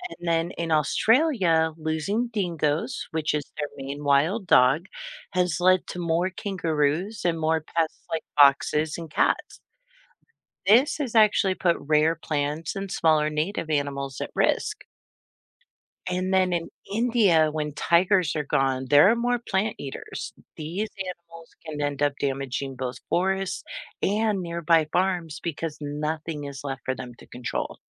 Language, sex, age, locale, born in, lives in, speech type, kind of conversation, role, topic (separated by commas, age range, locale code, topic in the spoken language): English, female, 45-49, en-US, United States, United States, read, expert-assistant, expert, How does the loss of top predators affect ecosystems?